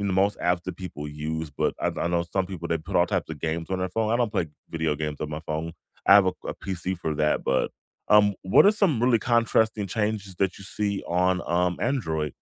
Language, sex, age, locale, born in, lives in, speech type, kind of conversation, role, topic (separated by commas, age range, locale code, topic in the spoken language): English, male, 35-39, en-US, United States, United States, conversation, unstructured, none, What surprised you most when you switched between iOS and Android?